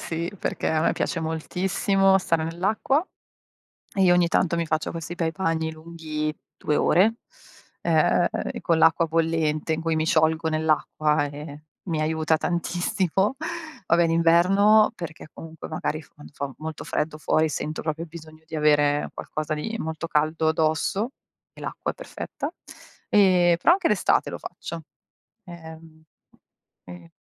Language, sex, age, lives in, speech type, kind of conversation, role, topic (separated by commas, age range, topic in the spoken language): Italian, female, 40-44, Italy, conversation, unstructured, none, Come descriveresti una giornata ideale per il tuo benessere emotivo?